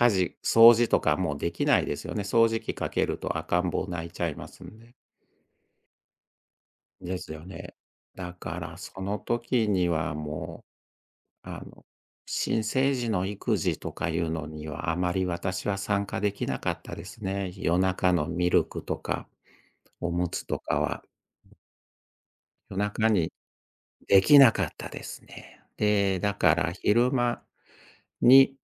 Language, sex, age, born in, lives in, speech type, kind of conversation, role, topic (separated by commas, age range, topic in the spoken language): Japanese, male, 60-64, Japan, Japan, conversation, podcast, guest, 家事の分担はどのように話し合って決めるのがよいですか？